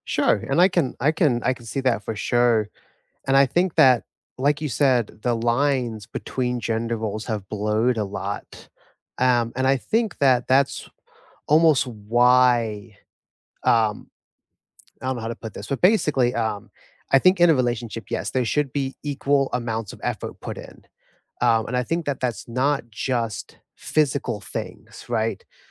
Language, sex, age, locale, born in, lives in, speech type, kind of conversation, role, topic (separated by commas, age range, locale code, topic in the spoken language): English, male, 25-29, en-US, United States, United States, conversation, unstructured, none, Will traditional gender roles help relationship stability or hinder equality and growth?